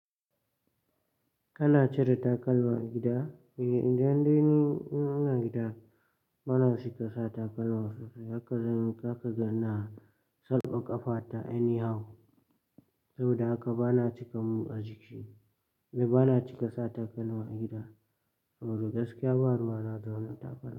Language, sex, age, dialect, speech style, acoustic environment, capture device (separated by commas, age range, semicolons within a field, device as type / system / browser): Hausa, male, 18-24, Nigeria - Katsina, relaxed, silence, mobile / Linux / Chrome